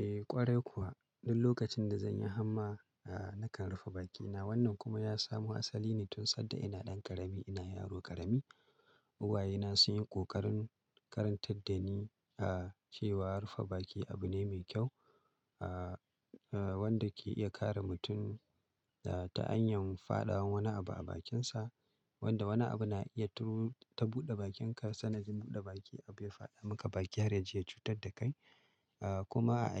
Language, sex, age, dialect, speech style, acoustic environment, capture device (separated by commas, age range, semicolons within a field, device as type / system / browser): Hausa, male, 35-44, Nigeria - Kano, relaxed, silence, mobile / Linux / Chrome